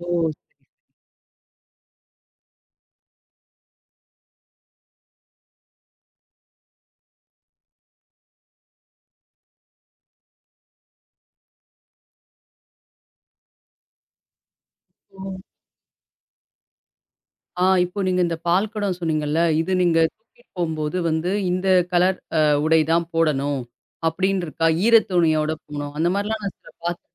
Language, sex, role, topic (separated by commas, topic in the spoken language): Tamil, female, host, குடும்பத்தின் சத்தங்கள் வீட்டின் உணர்வை எப்படி மாற்றுகின்றன?